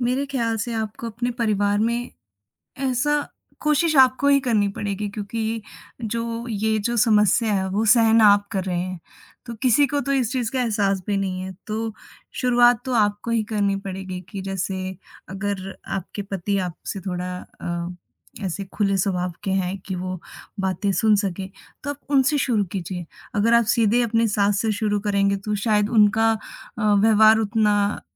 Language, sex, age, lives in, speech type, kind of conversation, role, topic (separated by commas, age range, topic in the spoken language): Hindi, female, 20-24, India, conversation, advice, advisor, मैं दूसरों को खुश करने के लिए ‘ना’ कहने में कठिनाई और अपनी सीमाएँ तय न कर पाने की समस्या से कैसे निपटूँ?